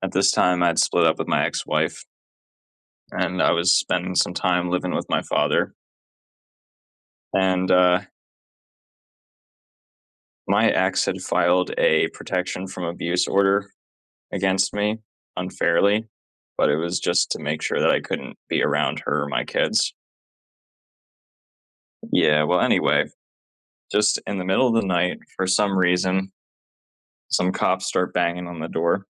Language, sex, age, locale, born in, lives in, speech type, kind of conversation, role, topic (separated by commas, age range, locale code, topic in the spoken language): English, male, 30-34, en-US, United States, United States, conversation, unstructured, none, How do experiences with unfair punishment shape our sense of justice?